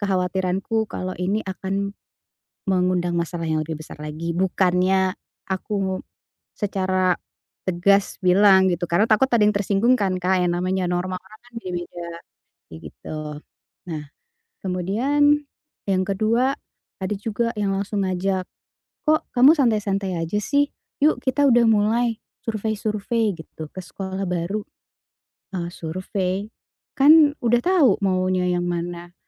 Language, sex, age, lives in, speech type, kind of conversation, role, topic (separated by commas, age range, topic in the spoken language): Indonesian, female, 40-44, Indonesia, conversation, advice, user, Bagaimana saya bisa menjaga integritas dan tetap jujur saat menghadapi tekanan dari teman?